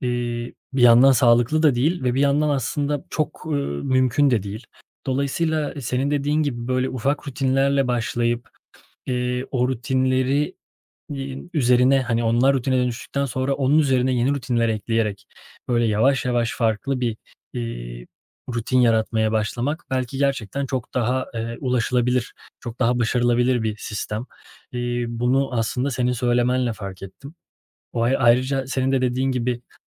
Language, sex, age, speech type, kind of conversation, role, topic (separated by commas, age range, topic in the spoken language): Turkish, male, 30-34, conversation, advice, user, Rutin bozulduktan sonra yeniden düzene dönmekte neden zorlanıyorum?